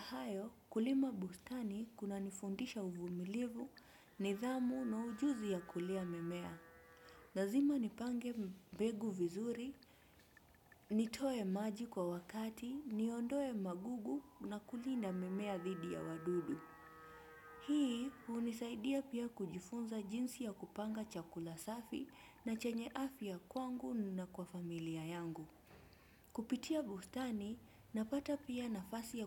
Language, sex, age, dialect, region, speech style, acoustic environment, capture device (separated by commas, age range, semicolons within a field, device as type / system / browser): Swahili, female, 25-34, Kenya - Mombasa, Kenya, relaxed, nature, desktop / Windows / Chrome